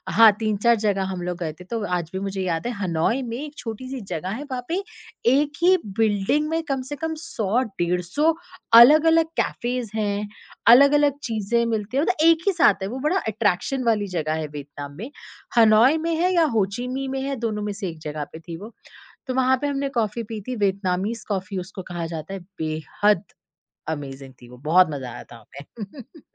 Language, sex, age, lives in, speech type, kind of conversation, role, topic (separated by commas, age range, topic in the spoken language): Hindi, female, 30-34, India, conversation, podcast, guest, क्या विदेश में कभी किसी यात्री ने आपको अपने घर बुलाया है?